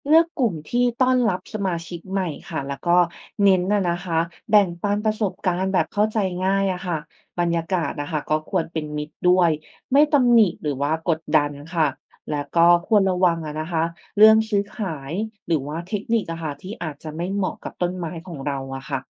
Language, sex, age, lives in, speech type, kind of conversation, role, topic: Thai, female, 30-34, Thailand, read, expert-assistant, expert, ดูแลบ้านและสวนอย่างไรให้เป็นกิจกรรมที่ช่วยคลายเครียด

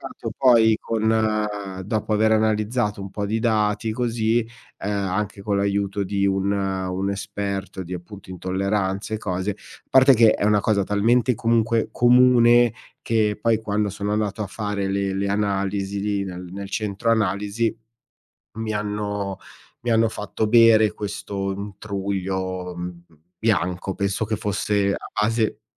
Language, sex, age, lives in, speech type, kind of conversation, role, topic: Italian, male, 40-44, Italy, conversation, advice, user, Qual è un cambiamento importante nella tua salute che sta alterando la tua vita quotidiana?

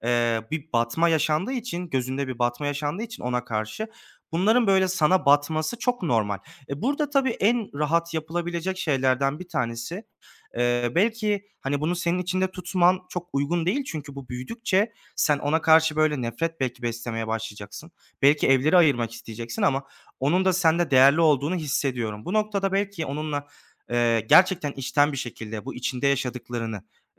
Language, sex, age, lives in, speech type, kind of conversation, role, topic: Turkish, male, 25-29, Germany, conversation, advice, advisor, Arkadaşımla aramızda duygusal bir uzaklaşma ve soğuma olduğunu hissediyorsam ne yapmalıyım?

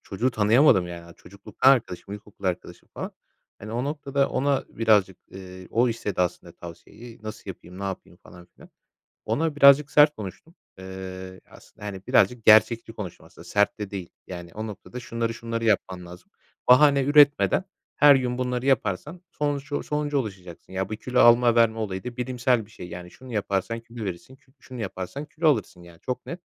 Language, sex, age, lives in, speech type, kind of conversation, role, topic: Turkish, male, 25-29, Poland, conversation, podcast, guest, Ertelemeyle başa çıkmak için neler yapıyorsun?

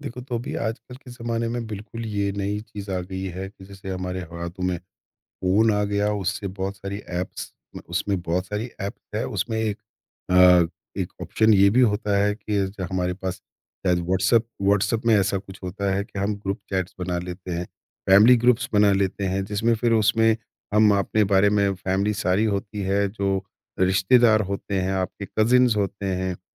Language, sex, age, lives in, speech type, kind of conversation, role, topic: Hindi, male, 50-54, India, conversation, podcast, host, Family group chats mein healthy boundaries kaise set karein?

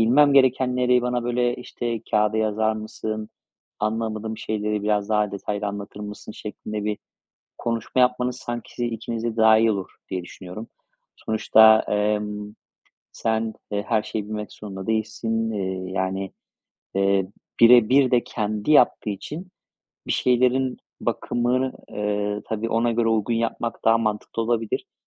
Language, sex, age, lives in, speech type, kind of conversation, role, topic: Turkish, male, 35-39, Spain, conversation, advice, advisor, Yapıcı eleştiri aldığımda neden aşırı inciniyorum ve bunu nasıl yönetebilirim?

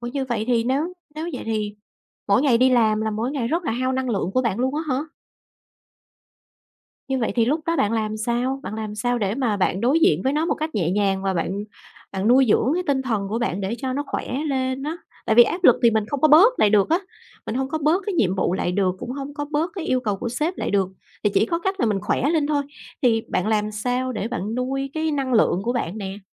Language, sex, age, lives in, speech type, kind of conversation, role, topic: Vietnamese, female, 40-44, Vietnam, conversation, podcast, host, Bạn đối mặt với áp lực xã hội như thế nào?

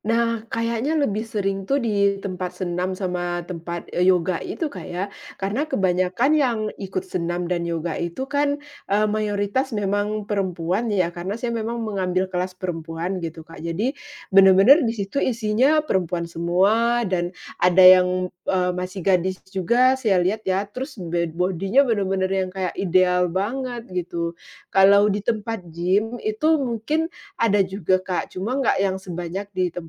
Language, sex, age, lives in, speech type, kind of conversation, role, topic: Indonesian, female, 35-39, Indonesia, conversation, advice, user, Bagaimana kebiasaan membandingkan bentuk tubuh dengan orang lain membuat Anda minder saat berolahraga?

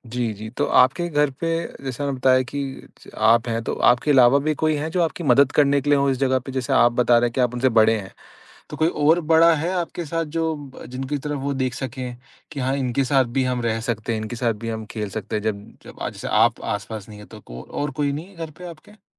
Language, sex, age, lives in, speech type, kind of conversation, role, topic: Hindi, male, 25-29, India, conversation, advice, advisor, बच्चों या परिवार के साथ रहते हुए अपनी सेहत की दिनचर्या बनाए रखना आपके लिए मुश्किल क्यों होता है?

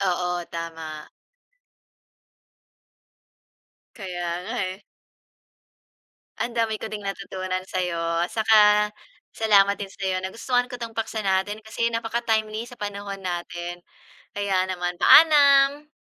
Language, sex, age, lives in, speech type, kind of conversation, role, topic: Filipino, female, 40-44, Philippines, conversation, unstructured, none, Paano mo mailalarawan ang papel ng mga kabataan sa pagbabago ng bansa?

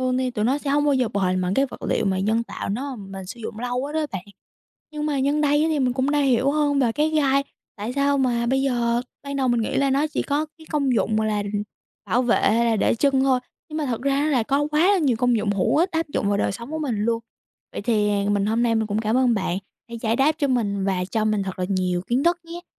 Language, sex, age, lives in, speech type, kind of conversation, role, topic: Vietnamese, female, 18-19, United States, read, expert-assistant, asker, Tại sao một số loài thực vật lại có gai nhọn?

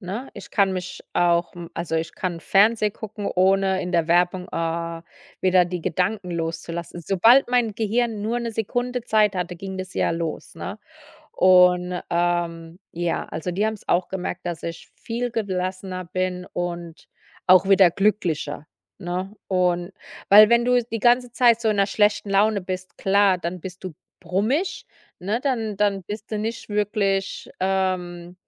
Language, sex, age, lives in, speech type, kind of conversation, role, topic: German, female, 35-39, United States, conversation, podcast, guest, Wie gehst du mit Sorgen vor dem Schlafengehen um?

